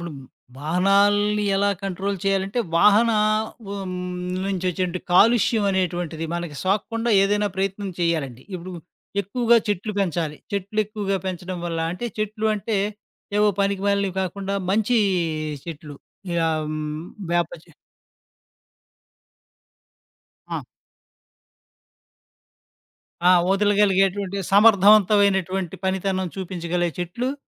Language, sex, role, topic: Telugu, male, guest, వాతావరణానికి మేలు చేసే రోజువారీ చిన్న అలవాట్లు ఏమేమి చెప్పగలరు?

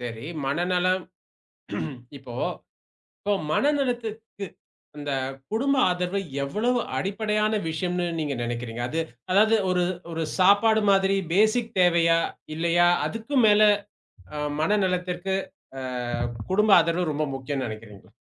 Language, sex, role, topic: Tamil, male, host, மனநலத்தை மேம்படுத்த குடும்ப ஆதரவு எவ்வளவு முக்கியம், அதை ஒரு நடைமுறை உதாரணத்துடன் விளக்க முடியுமா?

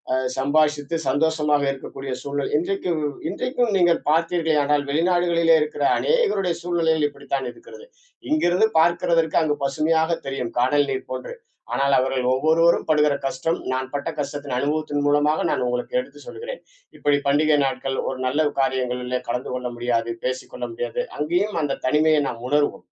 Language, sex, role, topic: Tamil, male, guest, தனிமையிலிருந்து வெளியே வர உதவும் வழிகள்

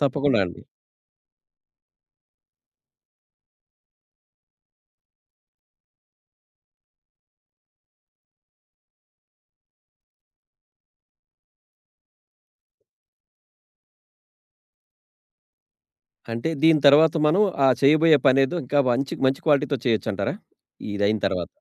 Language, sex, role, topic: Telugu, male, host, మీ జీవితంలో చిన్న ఆనందాలు మీ మానసిక సమతుల్యతకు ఎంత మేరకు సహాయపడతాయి?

